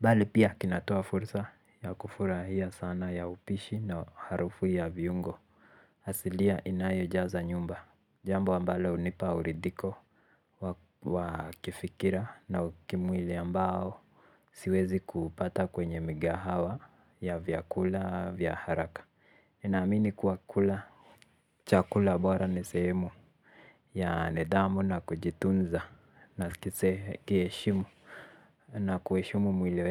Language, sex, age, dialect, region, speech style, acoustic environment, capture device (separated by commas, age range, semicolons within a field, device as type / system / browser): Swahili, male, 18-24, Kenya - Nairobi Sheng-influenced, Kenya, relaxed; focused; happy, silence; people talking; nature, mobile / Linux / Chrome